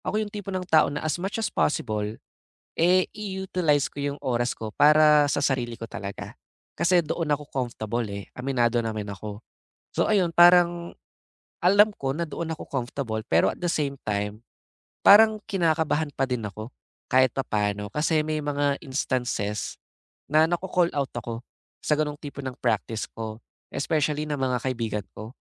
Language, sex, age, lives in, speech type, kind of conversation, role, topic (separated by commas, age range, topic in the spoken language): Filipino, male, 20-24, Philippines, conversation, advice, user, Paano ko mababalanse ang pakikisama at oras para sa sarili?